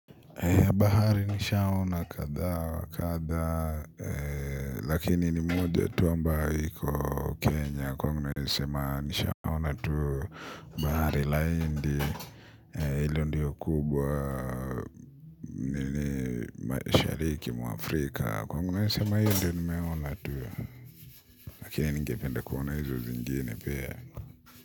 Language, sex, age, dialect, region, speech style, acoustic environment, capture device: Swahili, male, 25-34, Kenya - Nairobi Sheng-influenced, Kenya, relaxed, silence, mobile / Linux / Chrome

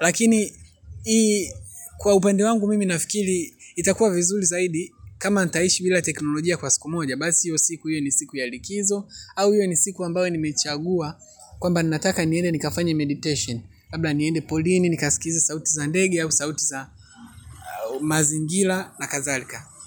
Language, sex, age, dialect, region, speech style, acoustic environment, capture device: Swahili, male, 25-34, Tanzania - Dar es Salaam, Tanzania, happy; relaxed; excited, nature; traffic; music, mobile / Linux / Chrome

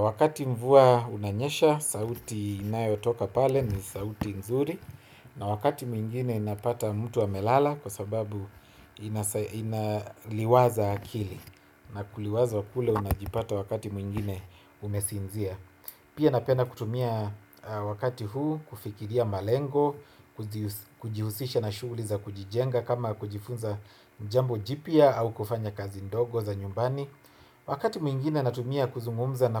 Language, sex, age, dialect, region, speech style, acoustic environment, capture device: Swahili, male, 25-34, Kenya - Nairobi Sheng-influenced, Kenya, happy; relaxed; focused; excited, silence, desktop / Windows / Chrome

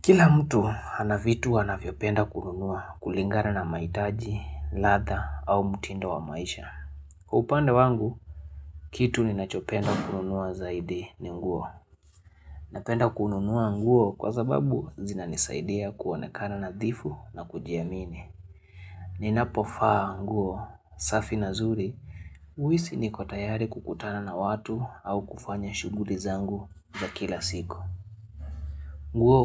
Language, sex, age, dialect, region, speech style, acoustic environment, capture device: Swahili, male, 25-34, Kenya - Nairobi Sheng-influenced, Kenya, happy; excited; relaxed, silence, mobile / Linux / Chrome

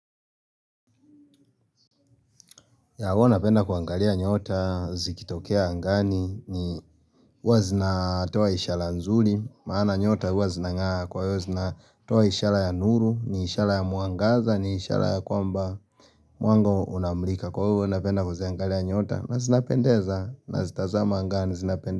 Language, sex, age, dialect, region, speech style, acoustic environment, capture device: Swahili, male, 25-34, Kenya - Nairobi Sheng-influenced, Kenya, relaxed, silence, mobile / Linux / Chrome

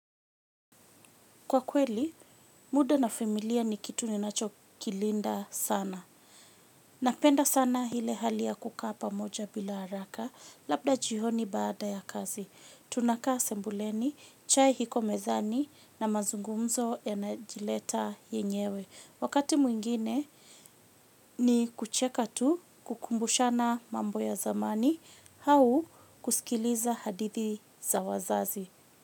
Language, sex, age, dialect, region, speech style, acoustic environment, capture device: Swahili, female, 25-34, Kenya - Nairobi Sheng-influenced, Kenya, happy, silence, mobile / Linux / Chrome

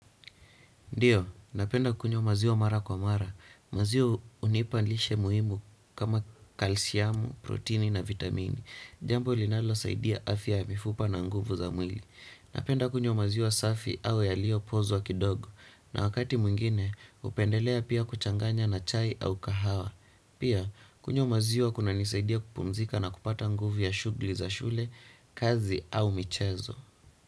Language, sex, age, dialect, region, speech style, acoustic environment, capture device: Swahili, male, 18-24, Kenya - Nairobi Sheng-influenced, Kenya, relaxed, silence, desktop / Windows / Chrome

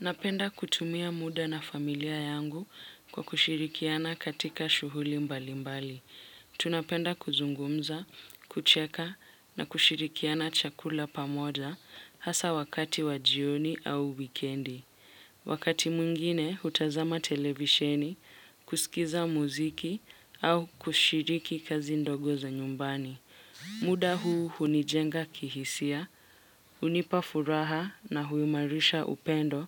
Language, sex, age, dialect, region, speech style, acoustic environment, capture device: Swahili, female, 18-24, Kenya - Mombasa, Kenya, focused, silence, mobile / Linux / Chrome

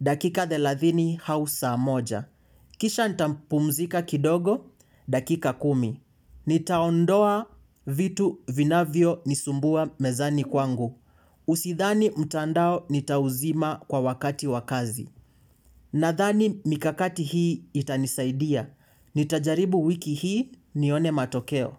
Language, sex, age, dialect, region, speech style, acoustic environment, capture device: Swahili, male, 18-24, Kenya - Mombasa, Kenya, focused, silence, mobile / Linux / Chrome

